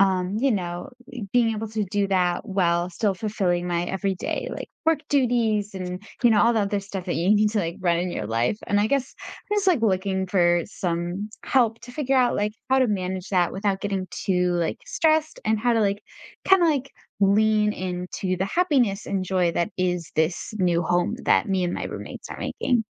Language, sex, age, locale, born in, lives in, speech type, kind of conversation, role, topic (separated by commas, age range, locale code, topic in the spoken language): English, female, 25-29, en-US, United States, United States, conversation, advice, user, How can I quickly organize and decorate my new home without getting overwhelmed?